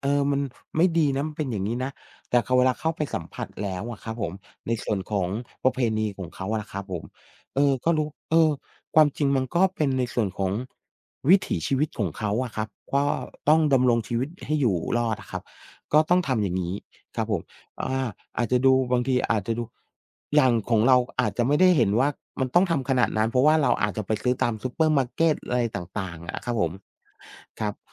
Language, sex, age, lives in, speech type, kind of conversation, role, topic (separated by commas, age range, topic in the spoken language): Thai, male, 45-49, Thailand, conversation, unstructured, none, การถ่ายรูปทำให้คุณมองโลกเปลี่ยนไปอย่างไร?